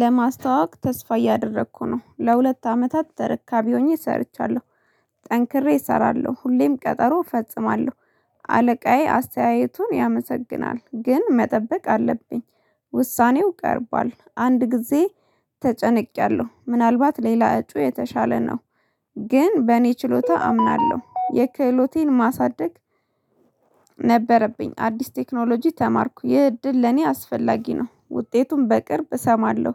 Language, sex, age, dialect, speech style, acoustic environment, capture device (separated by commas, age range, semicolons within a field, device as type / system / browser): Amharic, female, 25-34, Ethiopia - Addis Ababa, happy; relaxed; focused; excited, silence, mobile / Linux / Chrome